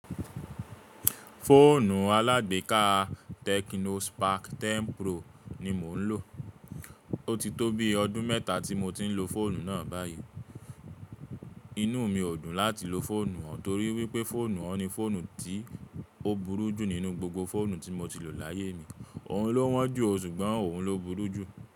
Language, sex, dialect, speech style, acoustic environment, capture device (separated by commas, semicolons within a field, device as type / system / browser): Yoruba, male, Nigeria - Nigerian Standard, relaxed, appliances; silence, mobile / Linux / Chrome